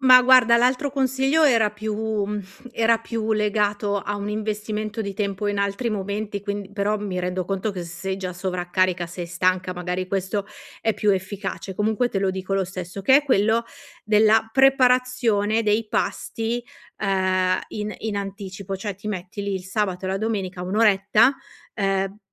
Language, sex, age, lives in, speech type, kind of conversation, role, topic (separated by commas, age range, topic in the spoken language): Italian, female, 40-44, Italy, conversation, advice, advisor, Come posso costruire nuove abitudini salutari nella mia vita?